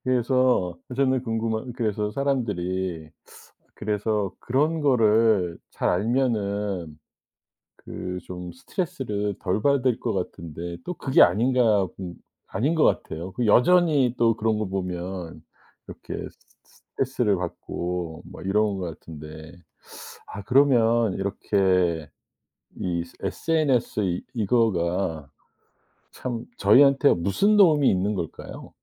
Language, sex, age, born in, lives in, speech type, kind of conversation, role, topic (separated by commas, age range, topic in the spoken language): Korean, male, 55-59, South Korea, United States, conversation, podcast, host, 소셜 미디어가 기분에 어떤 영향을 미치나요?